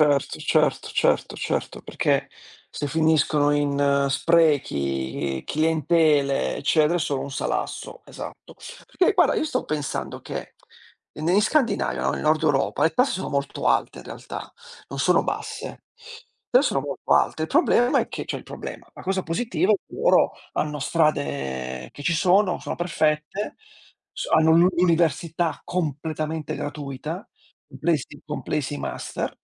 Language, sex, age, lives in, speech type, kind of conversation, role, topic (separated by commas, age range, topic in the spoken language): Italian, male, 45-49, Italy, conversation, unstructured, none, Pensi che sia giusto pagare tasse elevate?